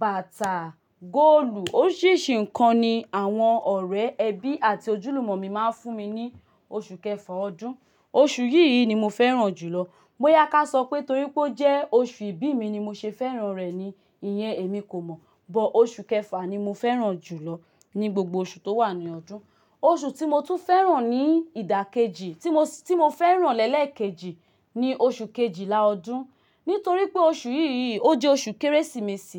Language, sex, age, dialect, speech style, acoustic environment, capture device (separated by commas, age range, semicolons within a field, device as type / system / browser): Yoruba, female, 25-34, Nigeria - Lagos, happy, silence, mobile / Linux / Chrome